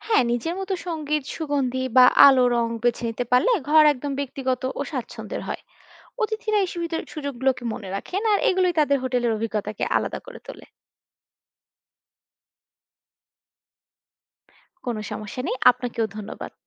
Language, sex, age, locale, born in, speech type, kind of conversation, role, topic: Bengali, female, 25-29, bn-BD, Bangladesh, read, expert-assistant, expert, ভ্রমণকারীদের জন্য হোটেলগুলিতে নতুন কী সুবিধা যোগ করা যেতে পারে, যাতে তাদের অভিজ্ঞতা আরও স্মরণীয় হয়?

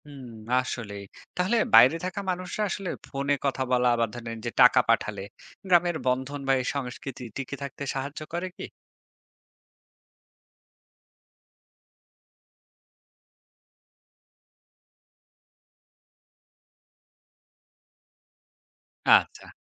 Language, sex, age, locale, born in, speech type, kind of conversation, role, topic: Bengali, male, 30-34, bn-BD, Bangladesh, read, expert-assistant, asker, বঙ্গোপসাগর ঘূর্ণিঝড়ের কারণে বাংলার সমাজ ও সংস্কৃতিতে কী ধরণের পরিবর্তন এসেছে?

